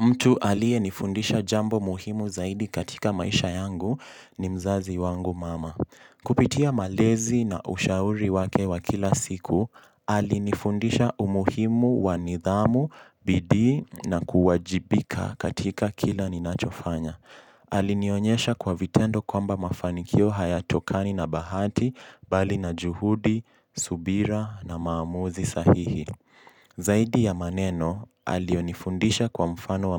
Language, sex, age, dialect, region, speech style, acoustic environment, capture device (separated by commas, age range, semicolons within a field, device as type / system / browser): Swahili, male, 18-24, Kenya - Mombasa, Kenya, focused, silence, mobile / Linux / Chrome